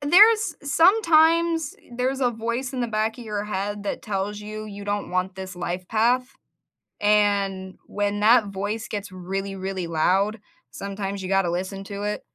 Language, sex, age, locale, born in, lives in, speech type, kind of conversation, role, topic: English, female, 25-29, en-US, United States, United States, conversation, unstructured, none, When did you turn an awkward mistake into a joke, and what happened next?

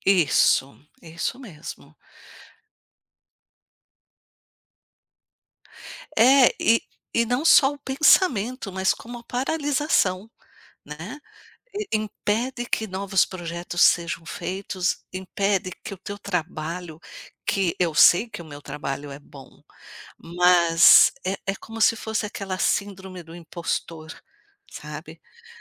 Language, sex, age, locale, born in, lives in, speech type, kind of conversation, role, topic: Portuguese, female, 55-59, pt-BR, Brazil, United States, conversation, advice, user, Como a ruminação sobre erros passados gera angústia em você?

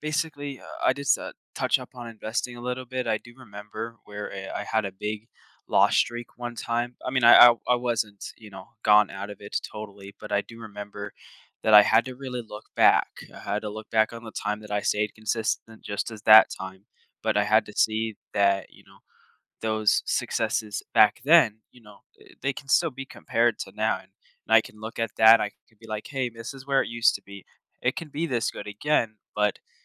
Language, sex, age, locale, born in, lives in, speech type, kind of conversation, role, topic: English, male, 18-19, en-US, United States, United States, conversation, podcast, guest, Why is it important to acknowledge and celebrate small achievements in our lives?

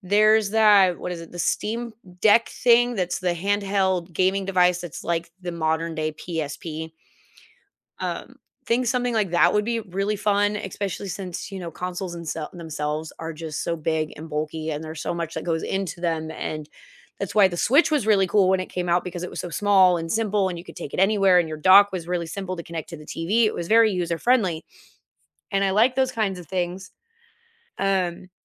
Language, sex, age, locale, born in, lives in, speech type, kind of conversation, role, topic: English, female, 30-34, en-US, United States, United States, conversation, unstructured, none, What emerging technology are you curious about but haven’t tried yet?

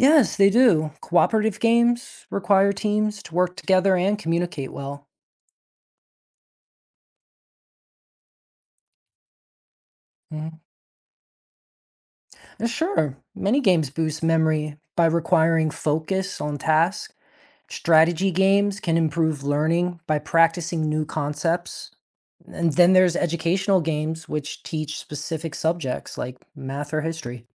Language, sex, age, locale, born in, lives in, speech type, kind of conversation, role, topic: English, male, 40-44, en-US, United States, United States, read, expert-assistant, expert, How do video games impact cognitive development?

